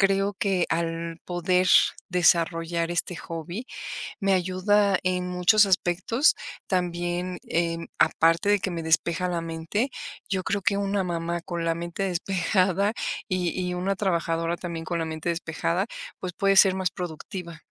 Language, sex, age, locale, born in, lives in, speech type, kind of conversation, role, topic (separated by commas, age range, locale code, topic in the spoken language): Spanish, female, 45-49, es-MX, Mexico, Mexico, conversation, podcast, guest, ¿Cómo combinas tu pasatiempo con el trabajo y la familia?